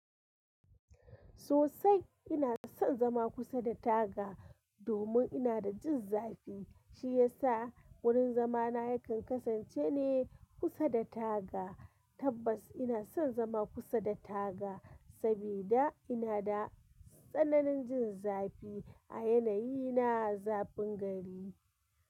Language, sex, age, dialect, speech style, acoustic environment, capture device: Hausa, female, 25-34, Nigeria - Kano, happy, construction, mobile / Linux / Chrome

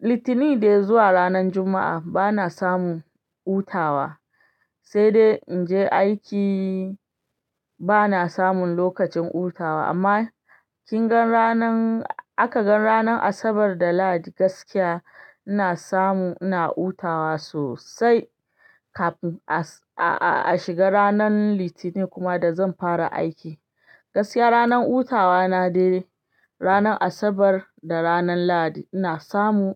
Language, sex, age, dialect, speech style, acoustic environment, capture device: Hausa, female, 25-34, Nigeria - Ibadan, excited; happy, silence, desktop / Linux / Chrome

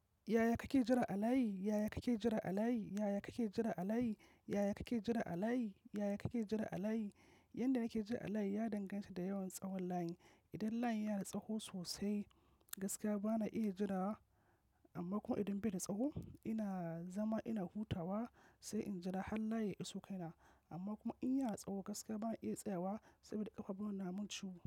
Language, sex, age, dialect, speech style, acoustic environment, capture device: Hausa, male, 25-34, Nigeria - Kano, focused, nature, mobile / macOS / Safari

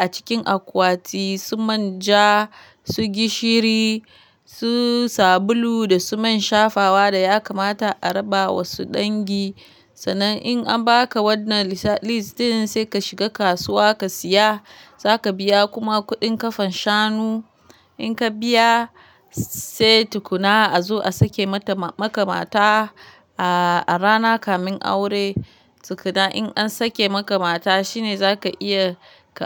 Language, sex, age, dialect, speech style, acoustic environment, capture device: Hausa, female, 25-34, Nigeria - Kano, happy; relaxed; focused, nature, mobile / Linux / Chrome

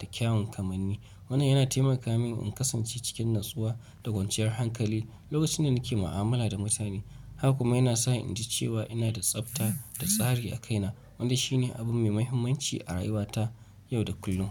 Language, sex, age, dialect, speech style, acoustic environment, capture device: Hausa, male, 25-34, Nigeria - Nigerian Standard, relaxed; focused, nature; appliances, mobile / Linux / Chrome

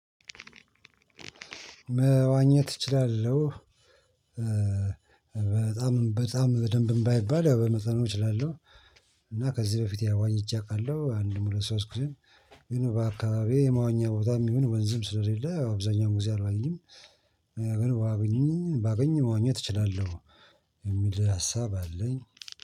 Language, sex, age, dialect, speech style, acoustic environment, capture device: Amharic, male, 45-54, Ethiopia - Addis Ababa, happy, silence, mobile / Linux / Chrome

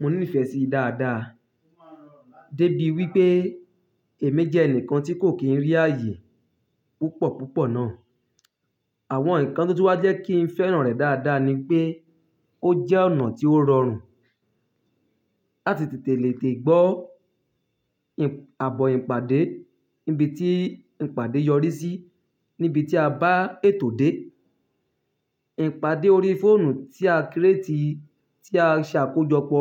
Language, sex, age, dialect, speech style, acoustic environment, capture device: Yoruba, male, 25-34, Nigeria - Ibadan, happy, nature, mobile / Linux / Chrome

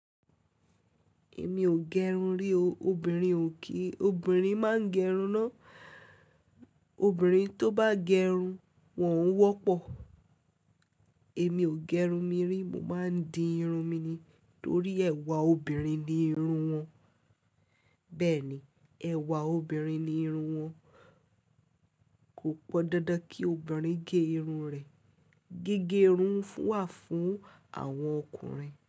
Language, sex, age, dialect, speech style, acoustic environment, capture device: Yoruba, female, 18-24, Nigeria - Ibadan, focused, silence; people talking; nature, mobile / Linux / Chrome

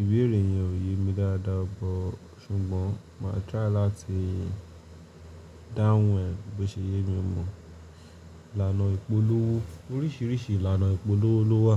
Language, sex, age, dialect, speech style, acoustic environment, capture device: Yoruba, male, 25-34, Nigeria - Lagos Yoruba, tired; relaxed; focused, appliances, mobile / Linux / Chrome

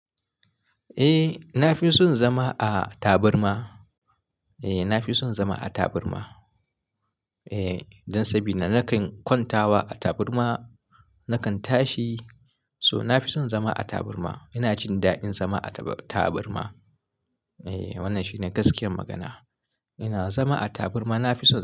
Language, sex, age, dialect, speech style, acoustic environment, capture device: Hausa, male, 25-34, Nigeria - Kano, happy, silence, mobile / Linux / Chrome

